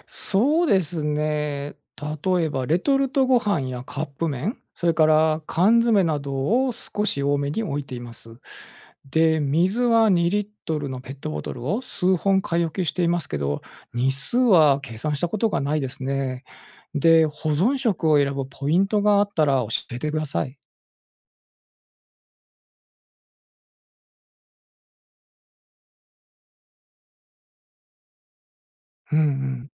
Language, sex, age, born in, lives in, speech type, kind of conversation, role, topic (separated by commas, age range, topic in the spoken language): Japanese, male, 45-49, Japan, Japan, read, expert-assistant, asker, 『非常用持ち出し袋は最低3日分の備えが必要』とよく言われますが、その根拠は何でしょうか？実際の災害時には十分なのでしょうか？